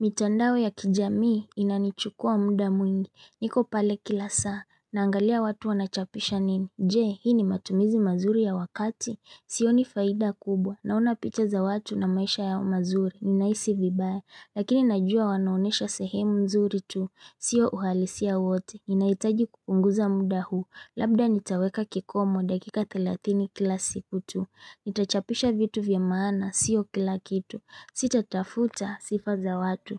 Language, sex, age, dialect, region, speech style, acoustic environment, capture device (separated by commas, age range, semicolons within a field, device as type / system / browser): Swahili, female, 25-34, Kenya - Mombasa, Kenya, focused; relaxed, silence, mobile / Linux / Chrome